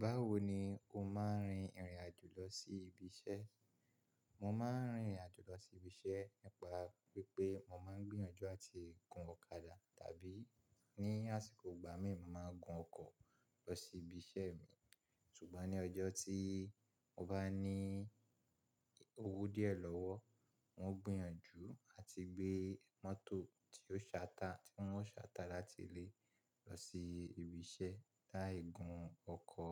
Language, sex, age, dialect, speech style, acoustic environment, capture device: Yoruba, male, 25-34, Nigeria - Ibadan, relaxed, silence, mobile / Linux / Chrome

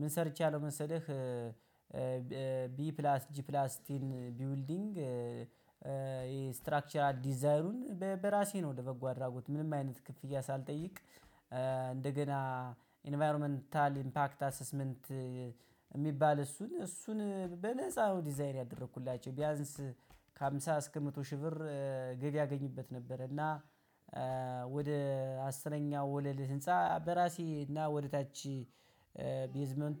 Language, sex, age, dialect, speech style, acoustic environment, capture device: Amharic, male, 25-34, Ethiopia - Gojjam, happy; excited, nature, mobile / Linux / Chrome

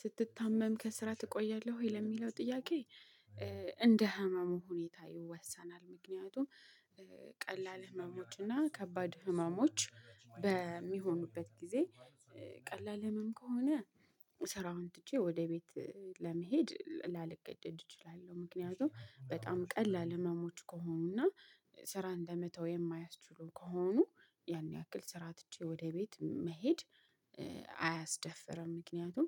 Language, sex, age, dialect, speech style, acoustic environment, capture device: Amharic, female, 25-34, Ethiopia - Addis Ababa, happy, people talking, mobile / Linux / Chrome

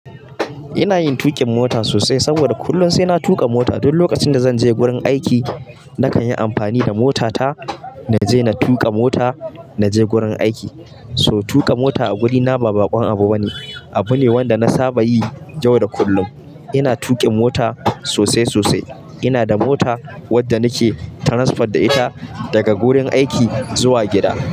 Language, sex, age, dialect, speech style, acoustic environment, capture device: Hausa, male, 25-34, Nigeria - Kano, happy, people talking, mobile / Linux / Chrome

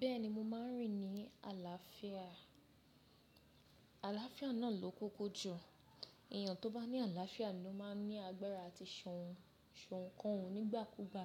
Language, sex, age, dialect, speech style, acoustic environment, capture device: Yoruba, female, 25-34, Nigeria - Lagos Yoruba, happy, nature, mobile / Linux / Chrome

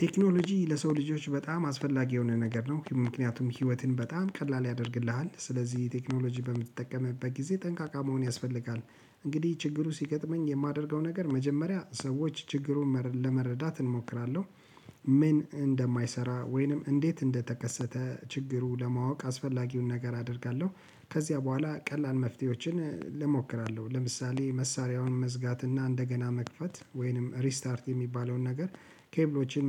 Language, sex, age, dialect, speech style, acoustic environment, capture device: Amharic, male, 35-44, Ethiopia - Addis Ababa, relaxed; focused, nature, mobile / Linux / Chrome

